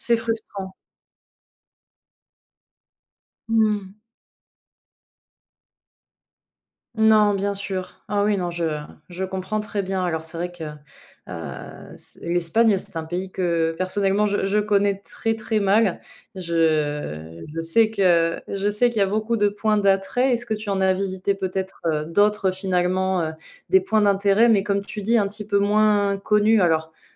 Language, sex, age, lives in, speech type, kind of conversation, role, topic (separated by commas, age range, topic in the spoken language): French, female, 25-29, France, conversation, podcast, host, As-tu une astuce pour visiter un lieu sans être entouré de touristes ?